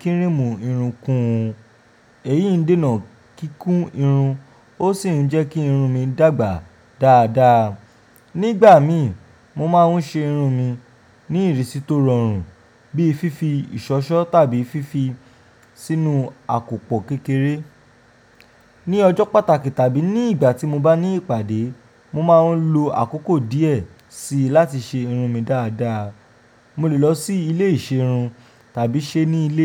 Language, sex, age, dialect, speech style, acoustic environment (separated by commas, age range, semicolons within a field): Yoruba, male, 25-34, Nigeria - Ijebu, relaxed, silence